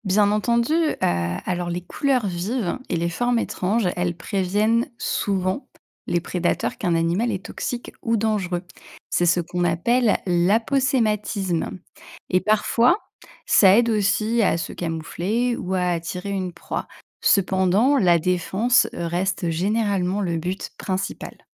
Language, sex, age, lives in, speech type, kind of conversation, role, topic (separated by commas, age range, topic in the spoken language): French, female, 35-39, France, read, expert-assistant, expert, Comment explorer et reconnaître facilement les animaux marins en famille ?